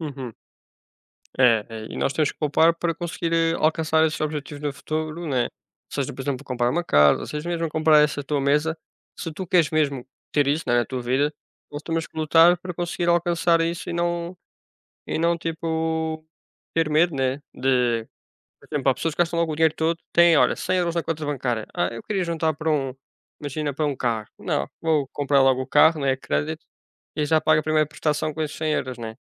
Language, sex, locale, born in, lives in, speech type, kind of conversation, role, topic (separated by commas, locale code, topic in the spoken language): Portuguese, male, pt-PT, Portugal, Portugal, conversation, unstructured, none, Quando foi a última vez que você ficou feliz por ter economizado?